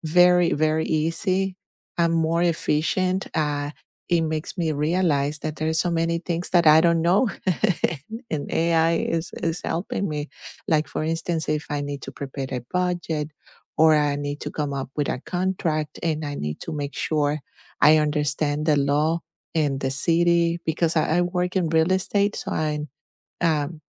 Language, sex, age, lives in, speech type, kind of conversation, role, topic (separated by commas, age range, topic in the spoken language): English, female, 50-54, United States, conversation, unstructured, none, How has technology made your day-to-day life easier?